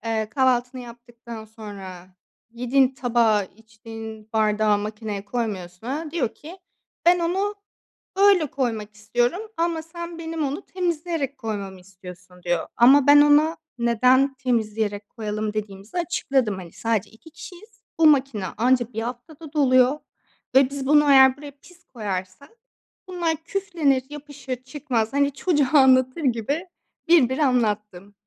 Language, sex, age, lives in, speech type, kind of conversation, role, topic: Turkish, female, 25-29, Greece, conversation, advice, user, Aile içindeki ev işleri ve sorumluluk paylaşımını neden adil bulmuyorsunuz?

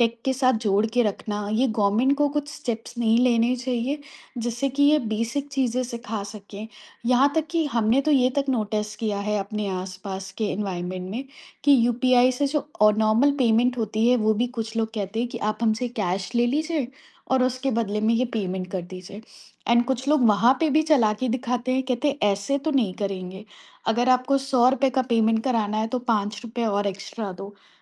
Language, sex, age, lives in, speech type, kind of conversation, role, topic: Hindi, female, 30-34, India, conversation, podcast, host, Tech adoption aur digital divide ki wajah se aam logon ko kya-kya dikkat ho sakti hai, aapka kya nazariya hai?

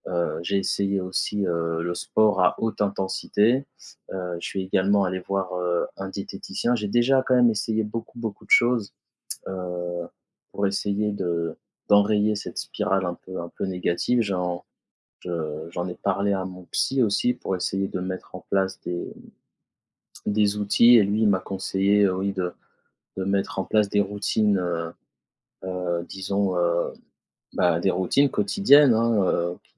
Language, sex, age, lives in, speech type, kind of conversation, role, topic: French, male, 35-39, France, conversation, advice, user, Comment arrêter de manger impulsivement malgré ma volonté de changer mon alimentation ?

